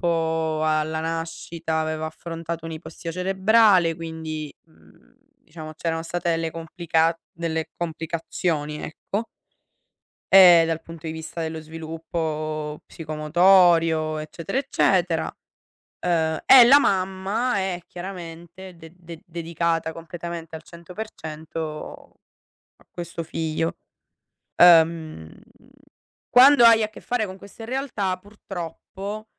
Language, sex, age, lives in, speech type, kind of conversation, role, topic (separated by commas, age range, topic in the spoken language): Italian, female, 60-64, Italy, conversation, unstructured, none, Come ti prepari prima di una negoziazione importante al lavoro?